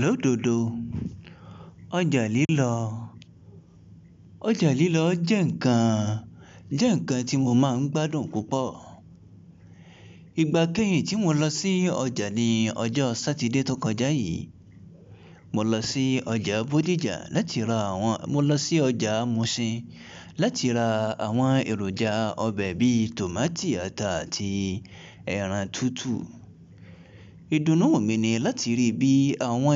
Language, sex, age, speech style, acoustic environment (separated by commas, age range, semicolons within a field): Yoruba, male, 25-34, relaxed, people talking; music; appliances